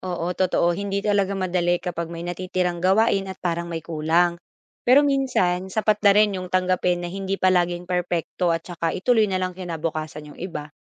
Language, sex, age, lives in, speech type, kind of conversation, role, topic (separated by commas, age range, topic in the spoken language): Filipino, female, 25-29, Philippines, read, expert-assistant, expert, May mga sistema ka bang sinusunod para mapadali ang araw-araw na gawain bilang negosyante?